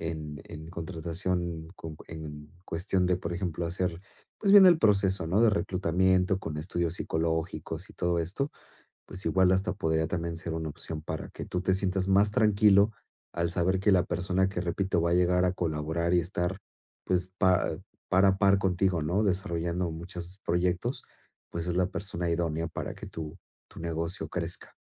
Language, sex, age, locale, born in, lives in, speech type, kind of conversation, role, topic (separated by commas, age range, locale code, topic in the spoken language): Spanish, male, 40-44, es-MX, Mexico, Spain, conversation, advice, advisor, ¿Cómo reduce la multitarea tu productividad y aumenta tu estrés?